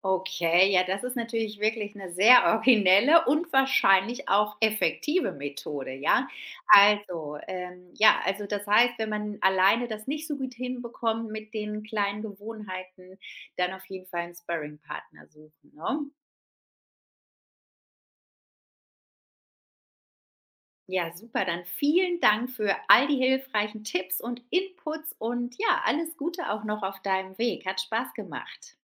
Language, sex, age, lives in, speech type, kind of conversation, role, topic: German, female, 35-39, Spain, conversation, podcast, host, Welche kleinen Gewohnheiten helfen dir, produktiv zu bleiben?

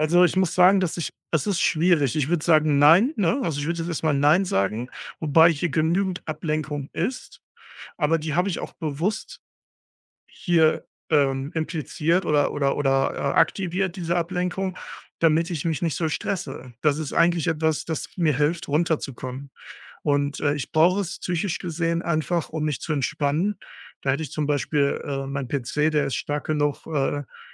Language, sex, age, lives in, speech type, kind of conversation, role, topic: German, male, 35-39, Germany, conversation, advice, user, Warum prokrastiniere ich immer wieder, obwohl die Deadline näher rückt?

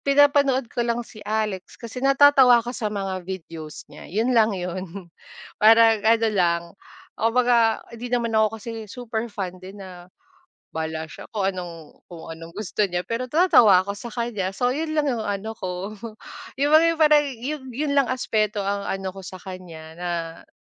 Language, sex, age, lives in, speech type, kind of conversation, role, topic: Filipino, female, 35-39, Philippines, conversation, unstructured, none, Ano ang nararamdaman mo kapag may artistang sinisiraan dahil lang sa kanilang personal na buhay?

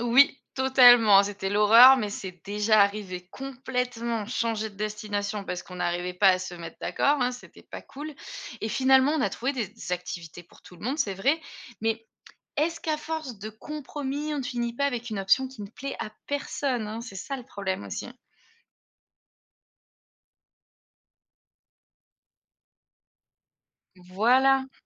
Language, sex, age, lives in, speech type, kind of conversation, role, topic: French, female, 35-39, Germany, read, expert-assistant, asker, Comment organiser des vacances en groupe sans tensions ?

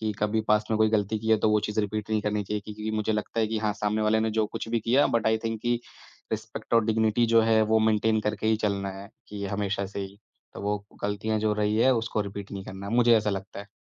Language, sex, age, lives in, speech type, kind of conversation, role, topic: Hindi, male, 30-34, India, conversation, podcast, guest, Criticism milne par tum aam taur par kaise react karte ho?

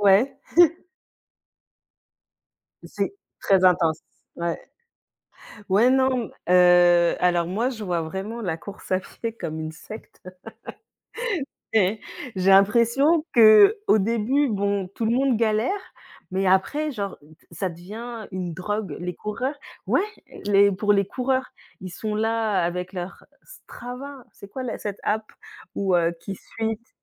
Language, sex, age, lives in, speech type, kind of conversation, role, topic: French, female, 35-39, Spain, conversation, unstructured, none, Comment gères-tu le stress au quotidien ?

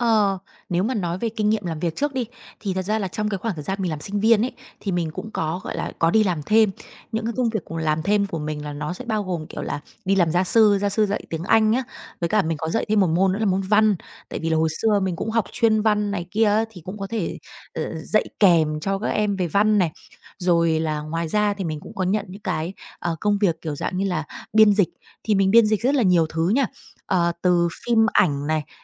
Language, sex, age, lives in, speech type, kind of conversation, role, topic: Vietnamese, female, 30-34, Vietnam, conversation, advice, user, Bạn vừa tốt nghiệp và đang hoang mang về hướng đi nghề nghiệp tiếp theo—bạn nên bắt đầu từ đâu?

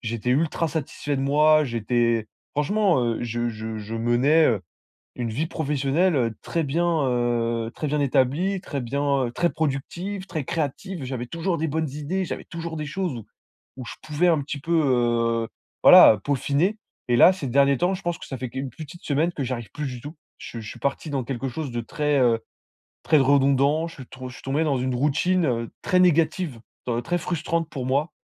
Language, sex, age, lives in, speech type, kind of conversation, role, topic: French, male, 20-24, France, conversation, advice, user, Comment sortir de ma routine pour relancer ma créativité ?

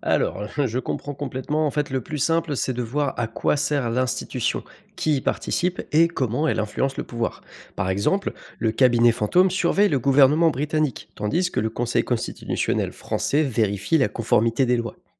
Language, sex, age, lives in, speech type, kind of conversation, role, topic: French, male, 25-29, France, read, expert-assistant, expert, Tu fais comment pour t’y retrouver quand tu compares la politique de deux pays ?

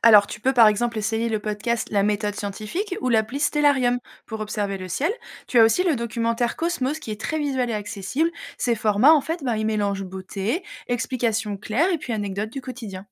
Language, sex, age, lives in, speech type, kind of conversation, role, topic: French, female, 30-34, France, read, expert-assistant, expert, Chercher à comprendre l’univers, ça nous apporte quoi concrètement et est-ce qu’il y a des inconvénients à toujours vouloir en savoir plus ?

